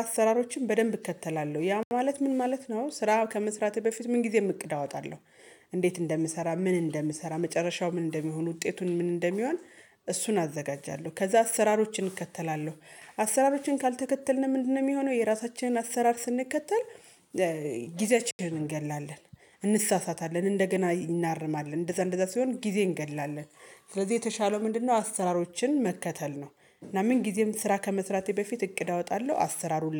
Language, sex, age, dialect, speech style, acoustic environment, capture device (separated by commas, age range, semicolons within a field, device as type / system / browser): Amharic, female, 35-44, Ethiopia - Gojjam, happy, silence, mobile / Linux / Chrome